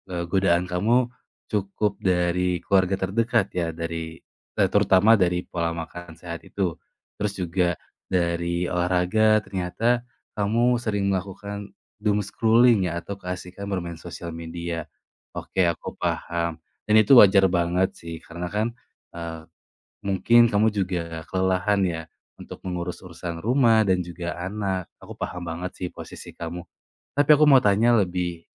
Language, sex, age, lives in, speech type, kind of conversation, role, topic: Indonesian, male, 25-29, Indonesia, conversation, advice, advisor, Bagaimana cara tetap konsisten makan sehat dan menjalankan pola makan yang teratur?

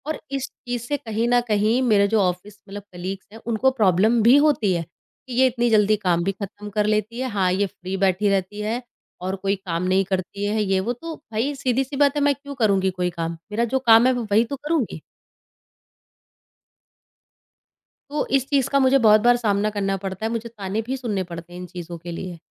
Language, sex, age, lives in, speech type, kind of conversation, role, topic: Hindi, female, 30-34, India, conversation, podcast, guest, Tum apne kaam ki boundaries kaise set karte ho?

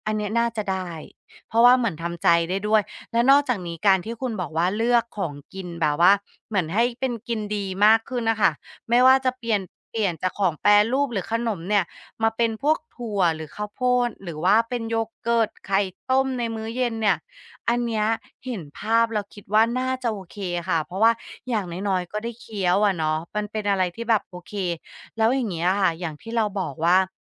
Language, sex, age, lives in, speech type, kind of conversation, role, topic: Thai, female, 35-39, Thailand, conversation, advice, user, จะควบคุมความอยากกินขนมขบเคี้ยวแปรรูปตอนเย็นได้อย่างไร?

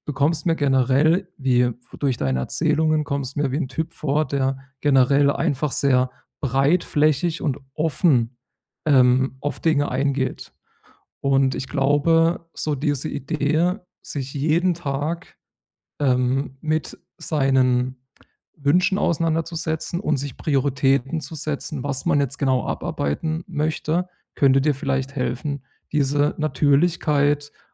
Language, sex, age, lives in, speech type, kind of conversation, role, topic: German, male, 30-34, Germany, conversation, advice, advisor, Wie kann ich Unterbrechungen durch feste Zeitfenster minimieren?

